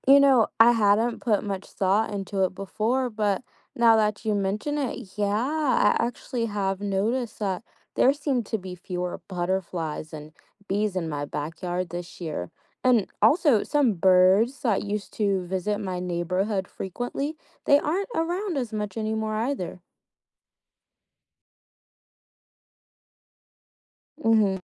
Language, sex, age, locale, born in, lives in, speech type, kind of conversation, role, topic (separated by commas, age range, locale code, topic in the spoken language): English, female, 25-29, en-US, United States, United States, read, expert-assistant, asker, Why is biodiversity important for ecosystems?